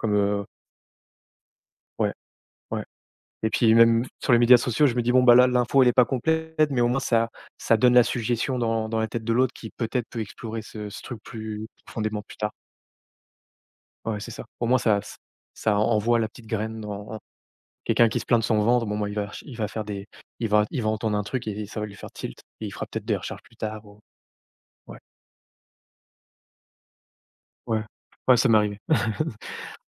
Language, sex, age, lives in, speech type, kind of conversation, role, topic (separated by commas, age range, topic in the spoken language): French, male, 30-34, France, conversation, unstructured, none, Quelle est la meilleure chose que la technologie t’a apportée ?